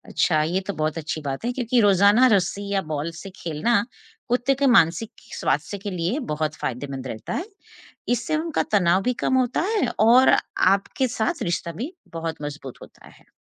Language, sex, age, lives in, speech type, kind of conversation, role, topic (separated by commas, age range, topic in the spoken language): Hindi, female, 50-54, United States, read, expert-assistant, expert, अगर आपके पास सीमित समय और संसाधन हों, तो पालतू जानवरों की देखभाल में किन बातों को प्राथमिकता देनी चाहिए: पोषण, व्यायाम, या मानसिक उत्तेजना?